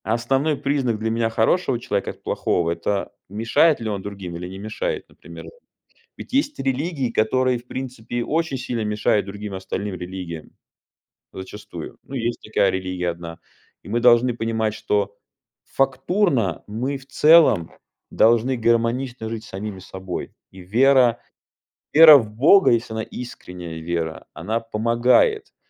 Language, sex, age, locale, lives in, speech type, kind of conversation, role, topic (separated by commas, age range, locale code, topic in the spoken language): Russian, male, 35-39, ru-RU, United States, conversation, advice, user, Как пережить кризис веры или духовных убеждений?